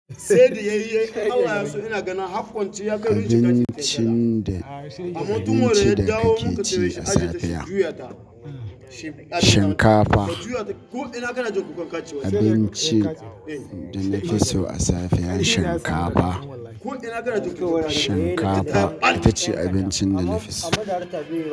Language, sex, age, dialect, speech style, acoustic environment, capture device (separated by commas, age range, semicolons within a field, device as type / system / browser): Hausa, male, 25-34, Nigeria - Katsina, happy, people talking, mobile / Linux / Chrome